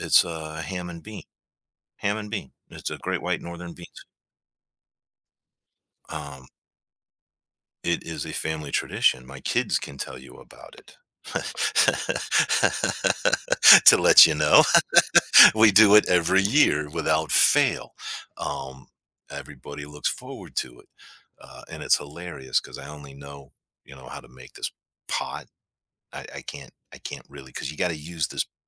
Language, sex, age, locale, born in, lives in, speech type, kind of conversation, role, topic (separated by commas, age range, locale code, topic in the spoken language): English, male, 55-59, en-US, United States, United States, conversation, podcast, guest, How can making the most of leftovers change the way we cook and eat at home?